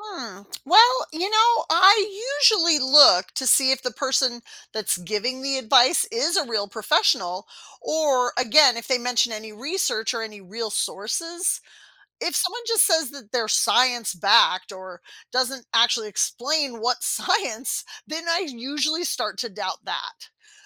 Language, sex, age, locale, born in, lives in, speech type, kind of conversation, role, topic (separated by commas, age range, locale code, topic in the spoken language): English, female, 50-54, en-US, United States, United States, read, expert-assistant, asker, What are the best ways to tell if online advice about mental health is trustworthy?